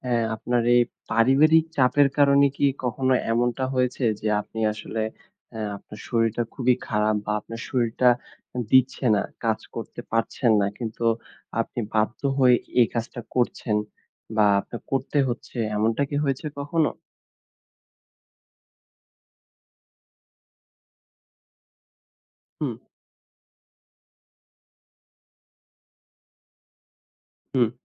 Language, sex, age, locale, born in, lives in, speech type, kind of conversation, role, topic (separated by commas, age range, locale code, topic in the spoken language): Bengali, male, 20-24, bn-BD, Bangladesh, Bangladesh, conversation, podcast, host, শরীর যদি স্পষ্টভাবে ‘না’ বলে, তখন তুমি কী করো?